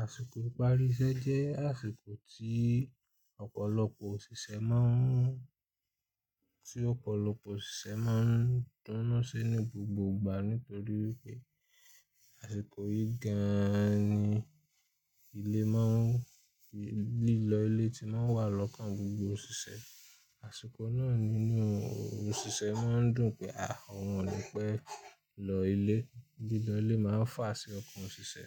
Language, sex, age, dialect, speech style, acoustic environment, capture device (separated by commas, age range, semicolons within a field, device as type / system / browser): Yoruba, male, 25-34, Nigeria - Ibadan, happy; anxious; nervous; excited, people talking; music; traffic; construction, mobile / Linux / Chrome